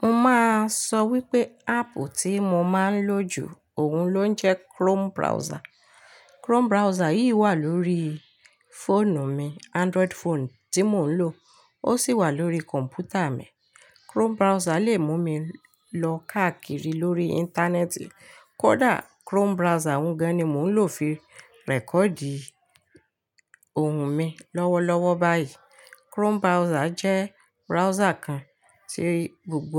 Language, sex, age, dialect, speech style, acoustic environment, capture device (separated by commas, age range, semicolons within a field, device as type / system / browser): Yoruba, female, 35-44, Nigeria - Ibadan, happy; relaxed, silence, mobile / Linux / Chrome